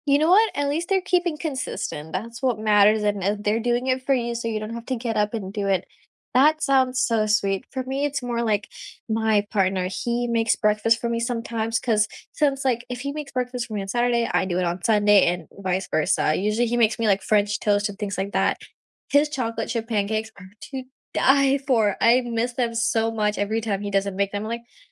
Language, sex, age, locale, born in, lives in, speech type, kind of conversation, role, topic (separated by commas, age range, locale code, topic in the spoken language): English, female, 18-19, en-US, India, United States, conversation, unstructured, none, When the weekend arrives, do you recharge with cozy at-home rituals, or do you go exploring together?